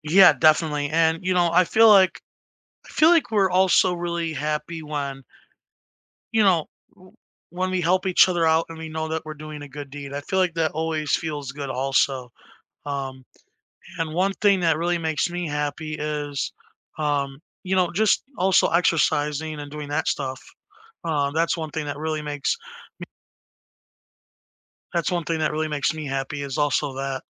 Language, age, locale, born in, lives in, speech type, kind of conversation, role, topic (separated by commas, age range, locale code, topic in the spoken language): English, 20-24, en-US, United States, United States, conversation, unstructured, none, When do you feel happiest being exactly who you are?